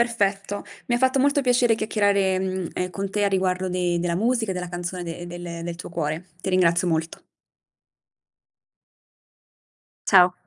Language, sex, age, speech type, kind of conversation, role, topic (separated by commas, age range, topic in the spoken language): Italian, female, 30-34, conversation, podcast, host, Qual è la canzone che ti tocca sempre il cuore?